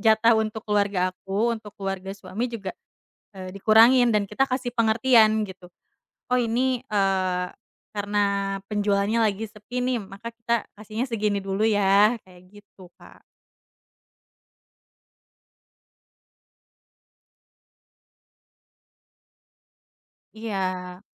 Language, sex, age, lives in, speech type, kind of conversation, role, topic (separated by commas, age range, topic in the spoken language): Indonesian, female, 30-34, Indonesia, conversation, podcast, guest, Bagaimana cara menegaskan batas soal bantuan keuangan untuk keluarga tanpa menimbulkan ketegangan?